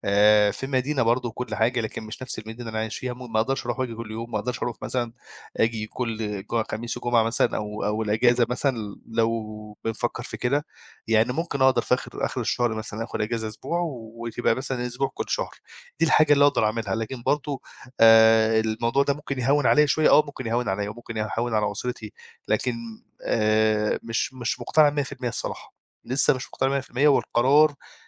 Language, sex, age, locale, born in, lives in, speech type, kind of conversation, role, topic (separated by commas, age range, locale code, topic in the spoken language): Arabic, male, 25-29, ar-EG, Egypt, Egypt, conversation, advice, user, إزاي أقرر أقبل عرض شغل في مدينة بعيدة ولا لأ؟